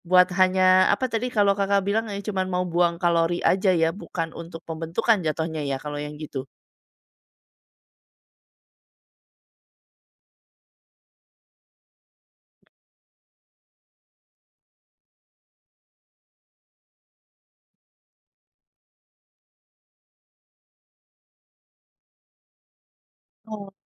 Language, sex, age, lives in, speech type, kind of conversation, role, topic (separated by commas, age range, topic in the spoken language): Indonesian, female, 40-44, Indonesia, conversation, podcast, host, Hobi apa yang selalu bikin kamu semangat, dan kenapa?